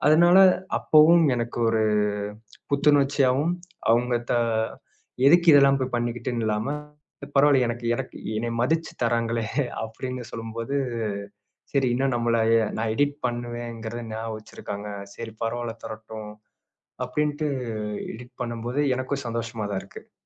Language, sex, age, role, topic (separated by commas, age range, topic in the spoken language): Tamil, male, 25-29, guest, புதிதாக ஒரு பொழுதுபோக்கைத் தொடங்க நீங்கள் எப்படி முயற்சி செய்கிறீர்கள்?